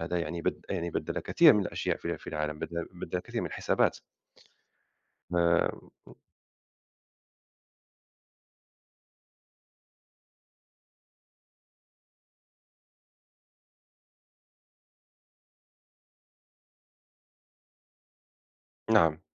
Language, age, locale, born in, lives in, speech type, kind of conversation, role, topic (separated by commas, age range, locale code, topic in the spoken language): Arabic, 30-34, ar-MA, Morocco, France, conversation, unstructured, none, كان هيبقى شكل العالم عامل إزاي النهارده لو الثورة الصناعية ماحصلتش؟